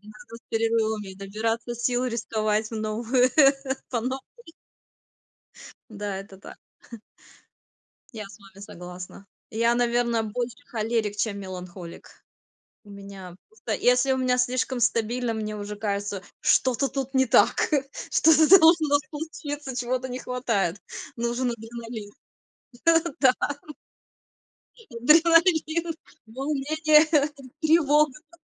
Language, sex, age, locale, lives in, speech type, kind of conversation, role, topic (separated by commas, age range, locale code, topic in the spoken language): Russian, female, 35-39, ru-RU, United States, conversation, unstructured, none, Что для вас важнее при достижении целей: стабильность или риск?